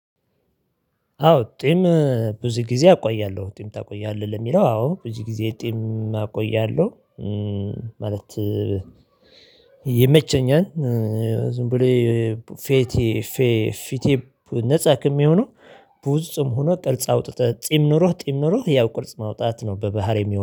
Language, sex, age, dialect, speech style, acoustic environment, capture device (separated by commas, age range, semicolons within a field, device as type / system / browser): Amharic, male, 35-44, Ethiopia - Addis Ababa, excited, nature, mobile / Linux / Chrome